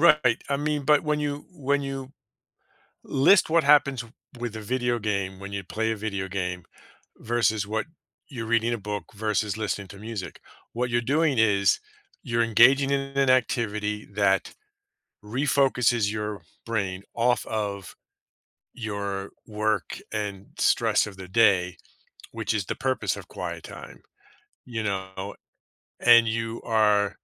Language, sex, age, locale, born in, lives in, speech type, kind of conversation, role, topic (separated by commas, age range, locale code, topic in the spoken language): English, male, 50-54, en-US, United States, United States, conversation, advice, advisor, How can I enjoy quiet time alone?